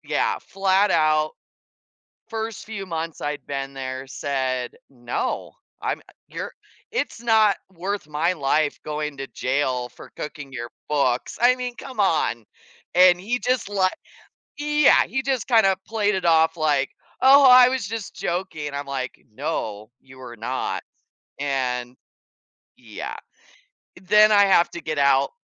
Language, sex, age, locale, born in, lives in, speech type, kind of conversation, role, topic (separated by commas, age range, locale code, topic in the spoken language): English, female, 40-44, en-US, United States, United States, conversation, unstructured, none, How have difficult experiences at work shaped your approach to challenges?